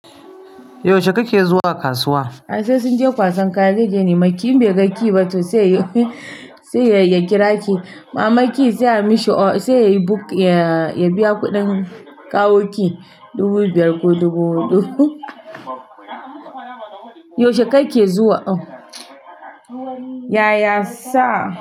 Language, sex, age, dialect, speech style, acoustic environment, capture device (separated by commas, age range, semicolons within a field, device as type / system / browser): Hausa, female, 45-54, Nigeria - Kano, happy; relaxed; excited; focused, nature, mobile / Linux / Chrome